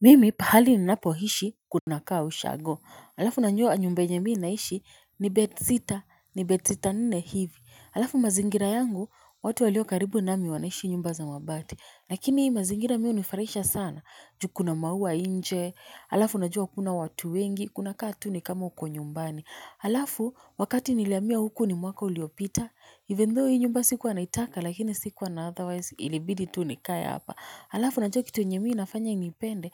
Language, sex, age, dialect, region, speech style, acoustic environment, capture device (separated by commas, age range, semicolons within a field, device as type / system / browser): Swahili, female, 25-34, Kenya - Nairobi Sheng-influenced, Kenya, nervous, people talking; nature, mobile / Linux / Chrome